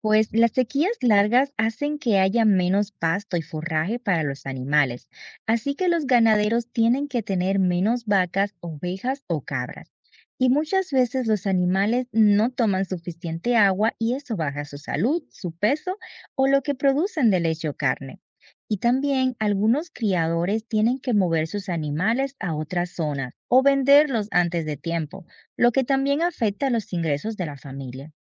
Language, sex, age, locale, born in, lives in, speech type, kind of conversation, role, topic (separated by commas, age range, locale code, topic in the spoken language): Spanish, female, 30-34, es-DO, Dominican Republic, United States, read, expert-assistant, expert, ¿Cómo influyen las sequías prolongadas en la producción agrícola?